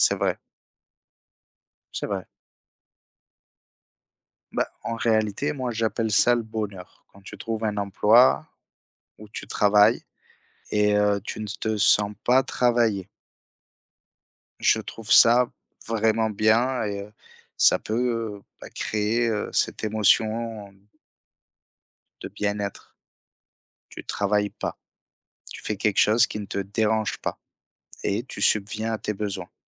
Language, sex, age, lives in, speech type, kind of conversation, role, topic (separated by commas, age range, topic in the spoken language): French, male, 30-34, France, conversation, unstructured, none, Quels rêves aimerais-tu encore réaliser dans ta vie ?